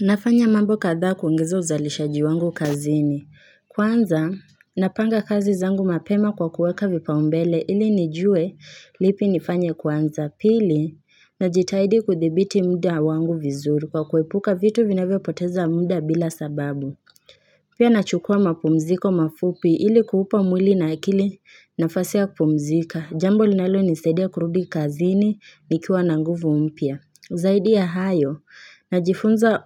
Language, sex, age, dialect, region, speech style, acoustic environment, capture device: Swahili, female, 18-24, Kenya - Nairobi Sheng-influenced, Kenya, anxious, silence, mobile / Linux / Chrome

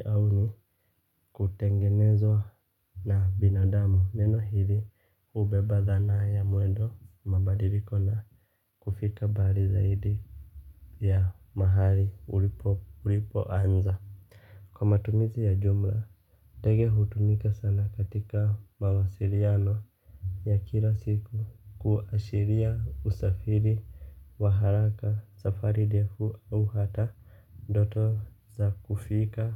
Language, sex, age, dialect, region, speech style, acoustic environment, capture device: Swahili, male, 25-34, Kenya - Nairobi Sheng-influenced, Kenya, relaxed, silence, mobile / Linux / Chrome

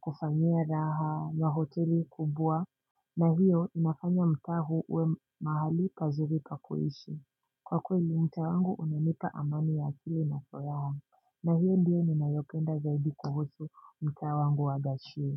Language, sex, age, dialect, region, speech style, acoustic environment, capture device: Swahili, female, 18-24, Kenya - Nairobi Sheng-influenced, Kenya, relaxed, silence; appliances, mobile / Linux / Chrome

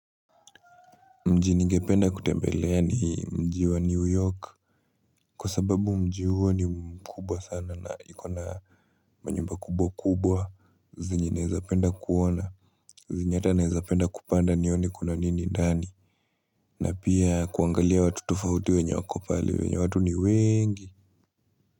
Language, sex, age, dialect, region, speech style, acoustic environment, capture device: Swahili, male, 18-24, Kenya - Nairobi Sheng-influenced, Kenya, happy; relaxed; focused, silence, mobile / Linux / Chrome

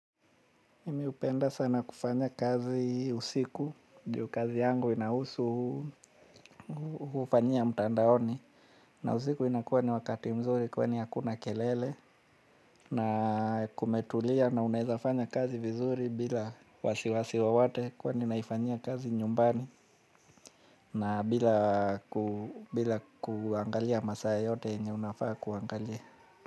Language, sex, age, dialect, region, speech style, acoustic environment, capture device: Swahili, male, 35-44, Kenya - Mombasa, Kenya, anxious, nature, mobile / Linux / Chrome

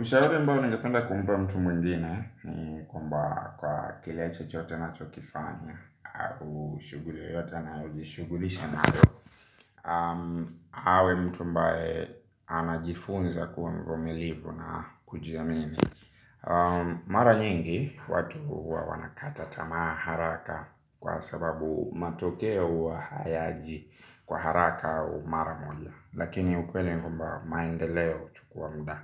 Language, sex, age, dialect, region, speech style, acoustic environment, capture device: Swahili, male, 25-34, Kenya - Nairobi Sheng-influenced, Kenya, happy; relaxed; focused; excited, silence; nature, mobile / Linux / Chrome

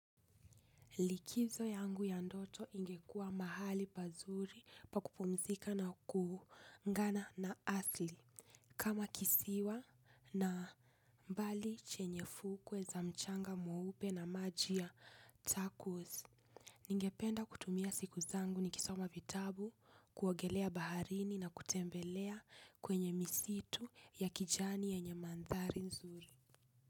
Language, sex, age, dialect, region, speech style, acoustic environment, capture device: Swahili, female, 25-34, Kenya - Nairobi Sheng-influenced, Kenya, focused, silence, desktop / Linux / Chrome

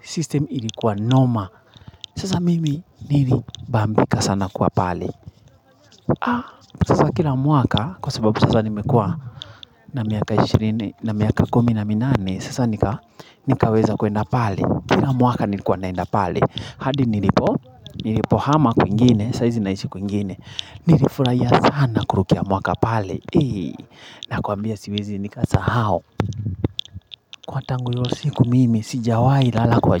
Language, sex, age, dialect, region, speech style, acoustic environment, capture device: Swahili, male, 25-34, Kenya - Nairobi Sheng-influenced, Kenya, happy; excited, people talking; traffic; nature, mobile / Linux / Chrome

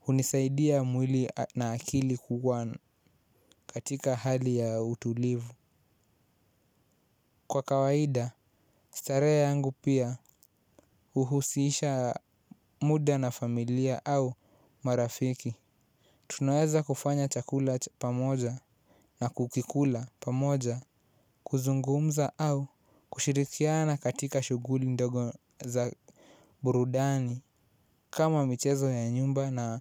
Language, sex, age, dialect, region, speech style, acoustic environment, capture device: Swahili, male, 18-24, Kenya - Mombasa, Kenya, relaxed, silence, mobile / Linux / Chrome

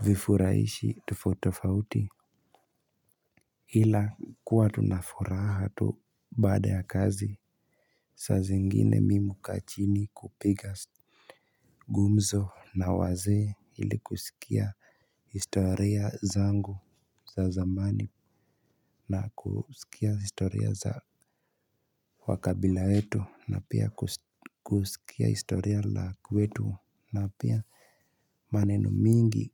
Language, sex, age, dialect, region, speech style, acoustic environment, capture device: Swahili, male, 18-24, Kenya - Nairobi Sheng-influenced, Kenya, relaxed, silence; nature, mobile / Linux / Chrome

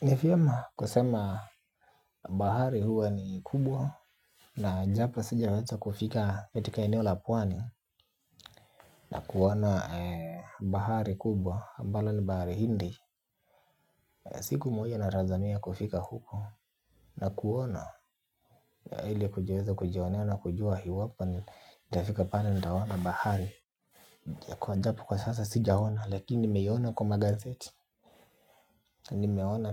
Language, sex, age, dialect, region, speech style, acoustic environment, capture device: Swahili, male, 18-24, Kenya - Nairobi Sheng-influenced, Kenya, happy, appliances, mobile / Linux / Chrome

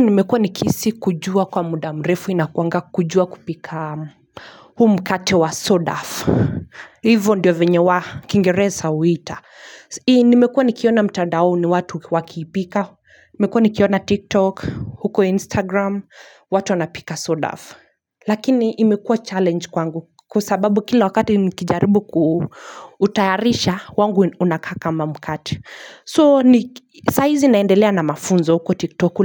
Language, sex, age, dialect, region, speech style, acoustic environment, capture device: Swahili, female, 25-34, Kenya - Nairobi Sheng-influenced, Kenya, happy; focused; relaxed, silence, mobile / Linux / Chrome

